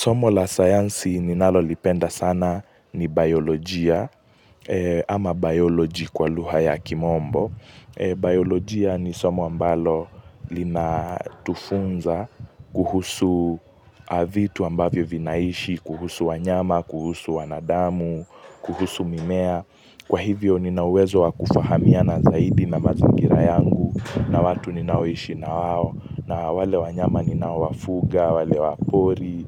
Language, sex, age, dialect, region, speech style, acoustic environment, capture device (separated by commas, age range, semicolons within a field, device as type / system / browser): Swahili, male, 18-24, Kenya - Mombasa, Kenya, focused, nature, mobile / Linux / Chrome